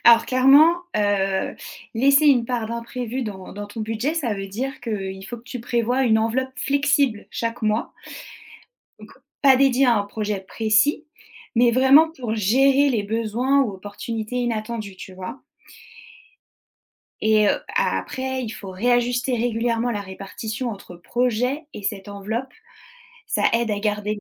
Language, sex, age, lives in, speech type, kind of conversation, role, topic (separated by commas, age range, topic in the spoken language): French, female, 30-34, France, read, expert-assistant, expert, Comment planifier mon budget pour concrétiser un grand rêve sans me priver au quotidien ?